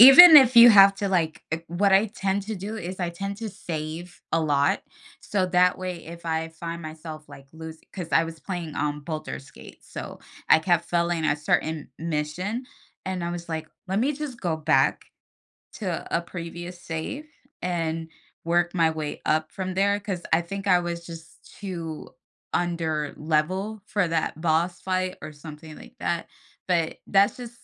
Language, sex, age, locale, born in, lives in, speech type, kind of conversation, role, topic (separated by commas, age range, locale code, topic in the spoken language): English, female, 30-34, en-US, United States, United States, conversation, podcast, guest, How has experiencing failure helped you grow or change your perspective?